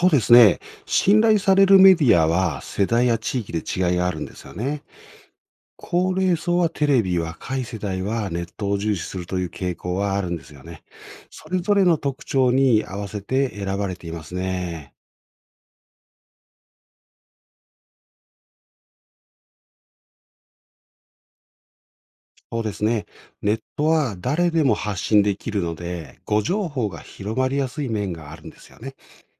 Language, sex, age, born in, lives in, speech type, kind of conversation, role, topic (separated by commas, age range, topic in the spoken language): Japanese, male, 55-59, Japan, Japan, read, expert-assistant, expert, テレビとインターネットニュース、現代社会でより信頼できる情報源はどちらか比較してみましょう。